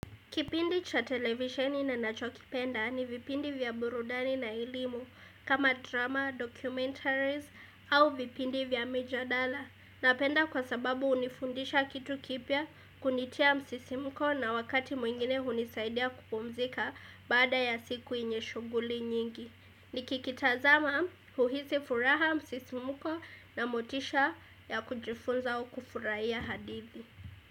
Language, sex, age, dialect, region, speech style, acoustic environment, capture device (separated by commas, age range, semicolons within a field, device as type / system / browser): Swahili, female, 18-24, Kenya - Mombasa, Kenya, happy, silence, desktop / Windows / Edge